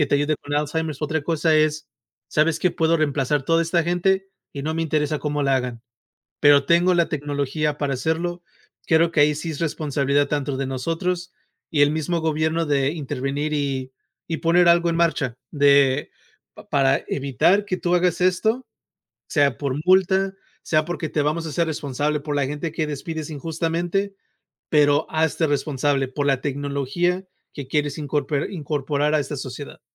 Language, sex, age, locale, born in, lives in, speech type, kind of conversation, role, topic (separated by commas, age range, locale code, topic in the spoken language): Spanish, male, 35-39, es-MX, United States, United States, conversation, unstructured, none, ¿Es justo que la tecnología reemplace trabajos humanos?